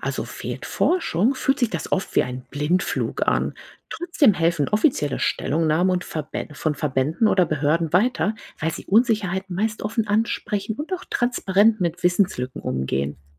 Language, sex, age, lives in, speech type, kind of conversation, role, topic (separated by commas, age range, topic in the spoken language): German, female, 40-44, United States, read, expert-assistant, expert, Wie kann ich erkennen, ob Berichte über bedrohte Tierarten wirklich stimmen?